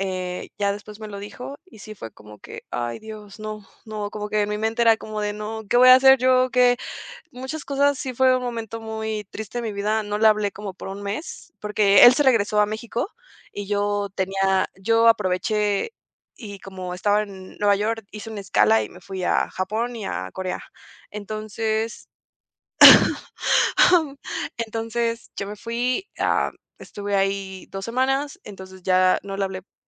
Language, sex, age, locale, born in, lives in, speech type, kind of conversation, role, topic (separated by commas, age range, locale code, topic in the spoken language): Spanish, female, 20-24, es-MX, Mexico, Mexico, conversation, podcast, guest, ¿Cómo lidias con la culpa por decisiones que afectaron a otros?